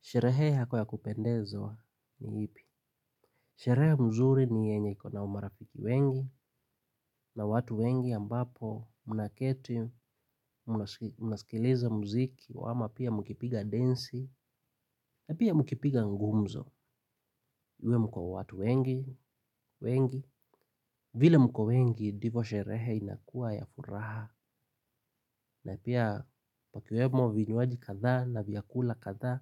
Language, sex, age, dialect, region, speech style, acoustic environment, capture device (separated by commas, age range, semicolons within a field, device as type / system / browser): Swahili, male, 25-34, Kenya - Nairobi Sheng-influenced, Kenya, happy, silence, mobile / Linux / Chrome